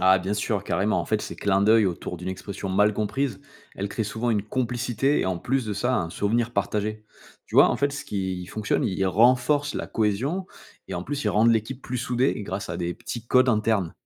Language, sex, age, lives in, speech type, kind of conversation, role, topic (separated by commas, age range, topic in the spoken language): French, male, 35-39, France, read, expert-assistant, expert, Quelles astuces peut-on utiliser pour éviter les malentendus quand on traduit des expressions typiques du français dans une autre langue ?